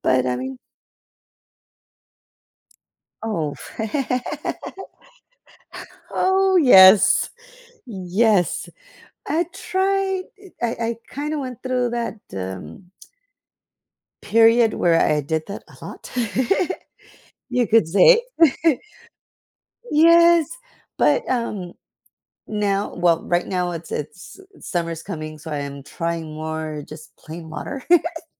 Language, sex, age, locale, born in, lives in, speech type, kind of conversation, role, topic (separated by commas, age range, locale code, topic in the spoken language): English, female, 40-44, en-US, United States, United States, conversation, unstructured, none, How do you like to unwind and recharge after a long day?